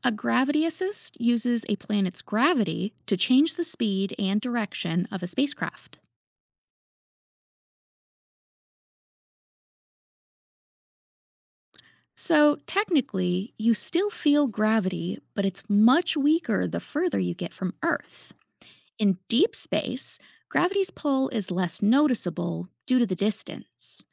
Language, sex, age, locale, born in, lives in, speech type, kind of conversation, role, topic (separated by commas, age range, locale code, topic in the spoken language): English, female, 30-34, en-US, United States, United States, read, expert-assistant, expert, Explain how gravity affects space travel.